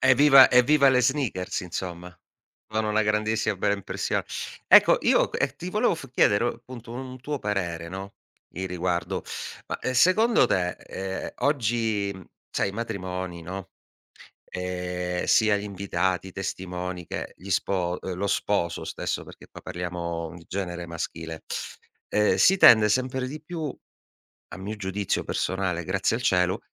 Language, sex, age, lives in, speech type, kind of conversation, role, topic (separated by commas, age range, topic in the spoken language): Italian, male, 40-44, Italy, conversation, podcast, host, Come costruisci la tua immagine quando vuoi fare buona figura?